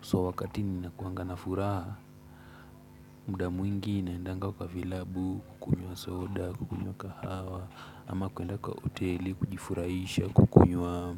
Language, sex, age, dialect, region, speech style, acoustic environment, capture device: Swahili, male, 18-24, Kenya - Mombasa, Kenya, focused, silence, mobile / Linux / Chrome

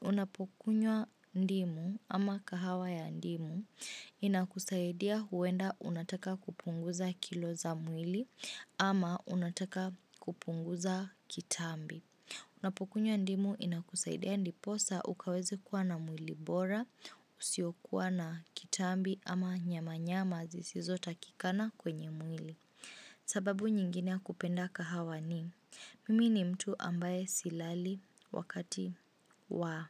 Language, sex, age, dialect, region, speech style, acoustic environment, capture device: Swahili, female, 18-24, Kenya - Mombasa, Kenya, focused, silence, mobile / Linux / Chrome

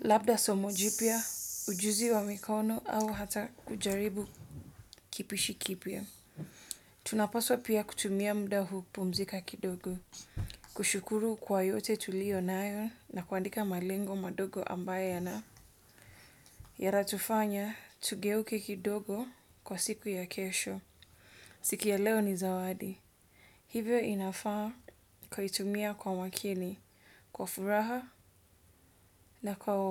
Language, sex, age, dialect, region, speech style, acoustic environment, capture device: Swahili, female, 25-34, Kenya - Mombasa, Kenya, anxious; stressed, people talking; nature, desktop / Windows / Edge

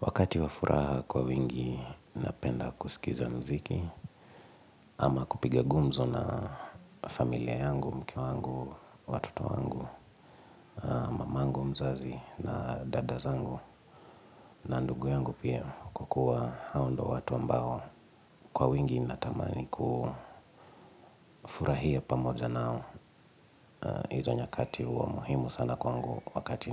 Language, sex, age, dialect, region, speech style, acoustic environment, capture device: Swahili, male, 25-34, Kenya - Nairobi Sheng-influenced, Kenya, relaxed, silence, mobile / Linux / Edge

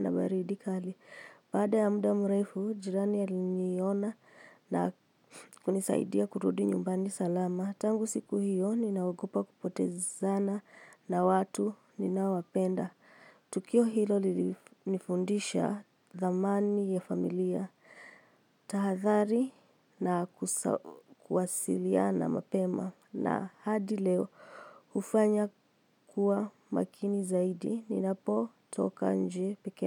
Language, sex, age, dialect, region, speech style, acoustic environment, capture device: Swahili, female, 25-34, Kenya - Nairobi Sheng-influenced, Kenya, happy; excited, silence, mobile / Linux / Chrome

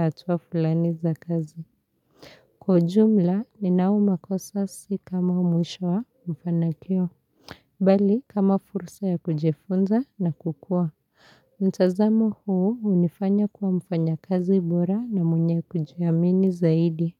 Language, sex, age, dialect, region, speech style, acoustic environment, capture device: Swahili, female, 25-34, Kenya - Nairobi Sheng-influenced, Kenya, happy; relaxed; focused, people talking; nature; silence; appliances, mobile / Linux / Chrome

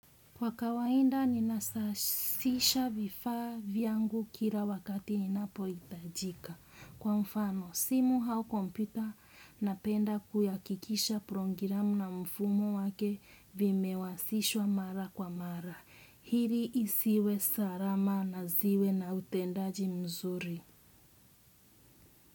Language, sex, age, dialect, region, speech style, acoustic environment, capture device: Swahili, female, 25-34, Kenya - Mombasa, Kenya, relaxed, nature; silence, desktop / Windows / Chrome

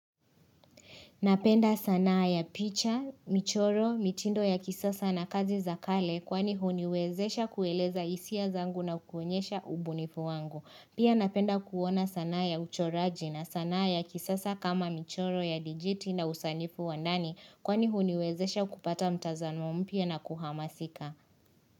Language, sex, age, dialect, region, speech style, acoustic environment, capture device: Swahili, female, 25-34, Kenya - Nairobi Sheng-influenced, Kenya, relaxed, silence, mobile / Linux / Chrome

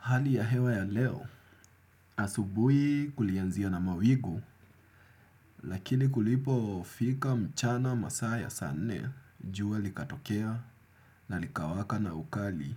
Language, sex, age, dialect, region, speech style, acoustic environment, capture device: Swahili, male, 25-34, Kenya - Nairobi Sheng-influenced, Kenya, happy; relaxed; excited; focused, nature; silence; appliances, desktop / Windows / Chrome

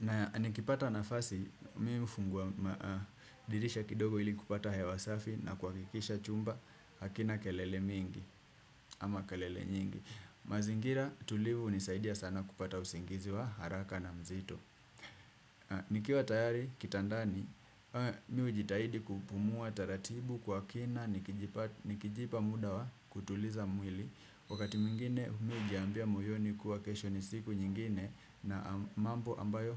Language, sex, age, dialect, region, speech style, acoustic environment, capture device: Swahili, male, 25-34, Kenya - Mombasa, Kenya, relaxed, nature, desktop / Windows / Chrome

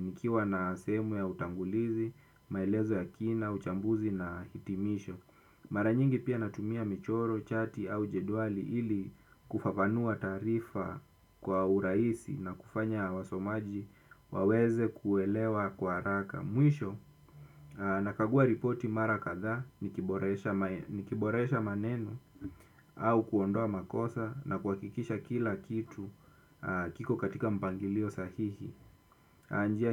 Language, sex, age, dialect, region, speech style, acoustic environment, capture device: Swahili, male, 25-34, Kenya - Mombasa, Kenya, nervous; anxious, silence, desktop / Windows / Chrome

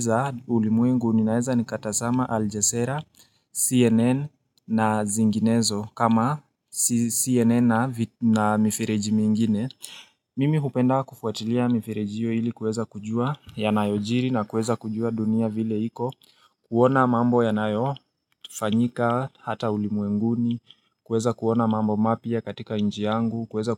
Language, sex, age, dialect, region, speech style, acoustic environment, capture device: Swahili, male, 18-24, Kenya - Nairobi Sheng-influenced, Kenya, relaxed, silence, mobile / Linux / Chrome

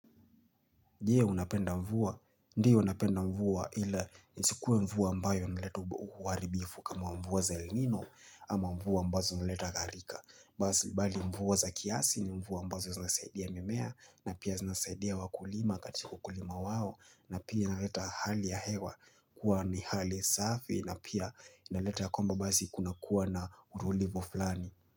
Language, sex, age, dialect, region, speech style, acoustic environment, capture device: Swahili, male, 25-34, Kenya - Mombasa, Kenya, relaxed, nature, mobile / Linux / Chrome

